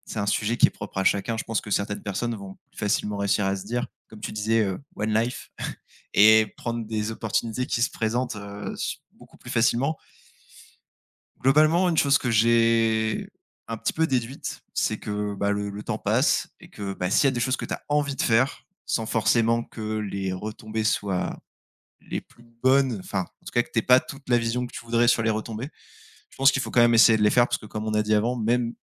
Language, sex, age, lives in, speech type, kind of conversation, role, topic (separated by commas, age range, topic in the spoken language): French, male, 25-29, France, conversation, unstructured, none, Comment décides-tu quelles opportunités saisir pour ton avenir ?